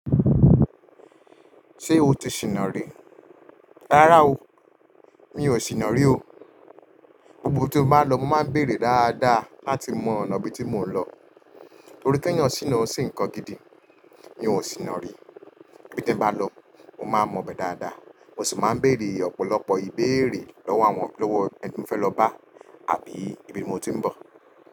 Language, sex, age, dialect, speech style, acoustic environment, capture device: Yoruba, male, 25-34, Nigeria - Lagos Yoruba, relaxed, nature, mobile / Linux / Chrome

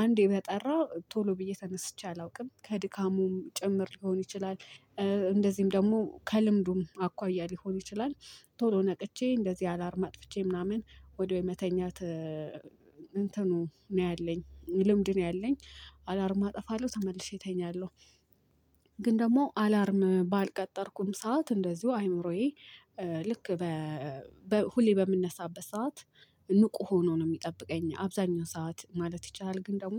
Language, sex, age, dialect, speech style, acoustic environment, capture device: Amharic, female, 18-24, Ethiopia - Addis Ababa, happy, people talking, mobile / Linux / Chrome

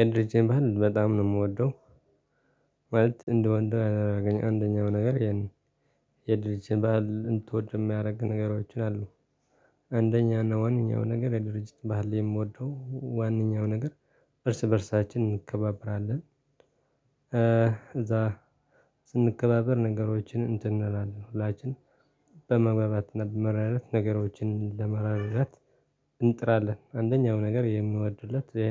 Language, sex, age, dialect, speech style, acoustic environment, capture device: Amharic, male, 25-34, Ethiopia - Tigray, relaxed, silence, desktop / Linux / Chrome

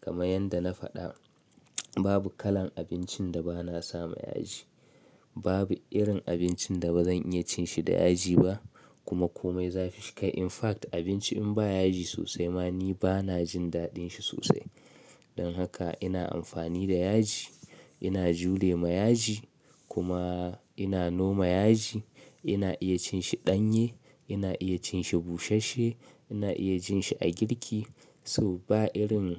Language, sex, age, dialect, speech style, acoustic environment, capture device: Hausa, male, 25-34, Nigeria - Kano, anxious; nervous, silence, mobile / Linux / Chrome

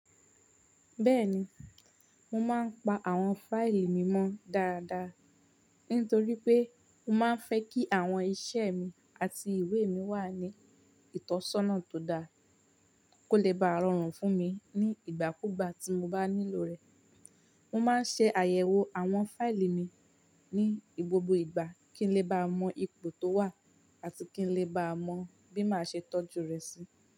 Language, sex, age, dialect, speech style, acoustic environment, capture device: Yoruba, female, 18-24, Nigeria - Ibadan, relaxed, silence, mobile / Linux / Chrome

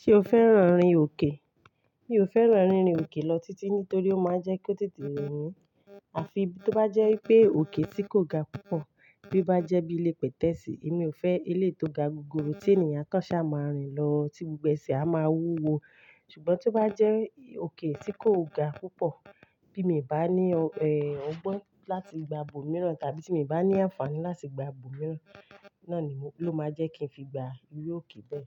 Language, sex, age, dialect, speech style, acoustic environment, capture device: Yoruba, female, 35-44, Nigeria - Ibadan, focused, silence, mobile / Linux / Chrome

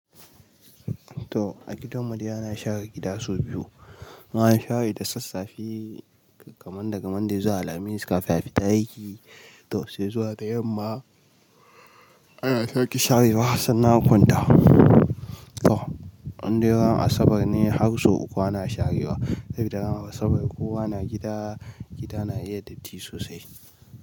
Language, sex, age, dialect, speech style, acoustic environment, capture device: Hausa, male, 18-24, Nigeria - Nigerian Standard, relaxed; happy, nature, mobile / Linux / Chrome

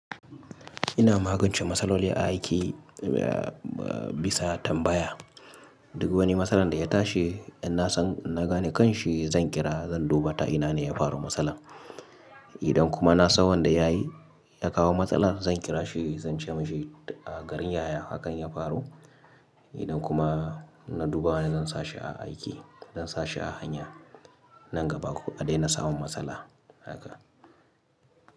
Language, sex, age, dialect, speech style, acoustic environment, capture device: Hausa, male, 25-34, Nigeria - Kano, happy, people talking, mobile / Linux / Chrome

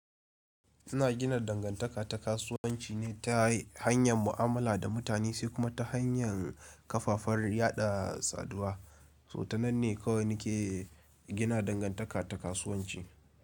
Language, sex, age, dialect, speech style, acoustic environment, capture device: Hausa, male, 18-24, Nigeria - Kano, focused, appliances; nature; silence, mobile / Linux / Chrome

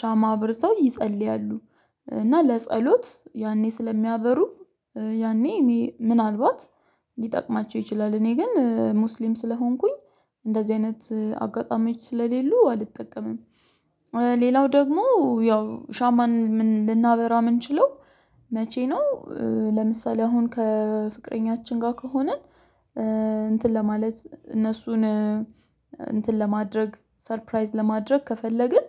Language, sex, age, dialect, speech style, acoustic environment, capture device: Amharic, female, 25-34, Ethiopia - Addis Ababa, excited; focused, silence, mobile / Linux / Chrome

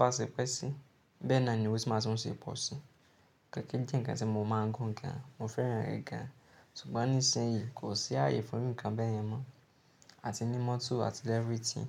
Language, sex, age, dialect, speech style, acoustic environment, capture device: Yoruba, male, 18-24, Nigeria - Nigerian Standard, happy, silence, mobile / Linux / Chrome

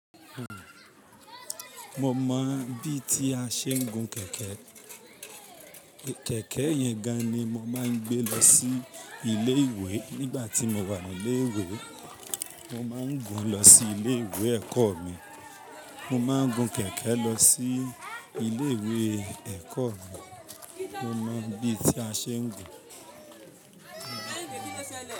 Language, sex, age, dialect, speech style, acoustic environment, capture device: Yoruba, male, 25-34, Nigeria - Ibadan, anxious; tired; relaxed; focused; excited; happy; nervous, people talking; music; nature; silence; traffic; construction; appliances, mobile / Linux / Chrome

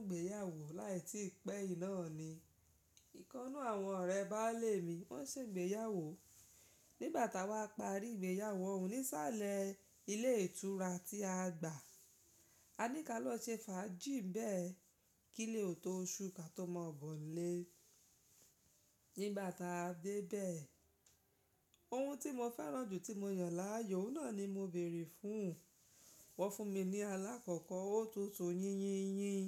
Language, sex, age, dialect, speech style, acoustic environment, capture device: Yoruba, female, 35-44, Nigeria - Lagos Yoruba, happy, silence, mobile / Linux / Chrome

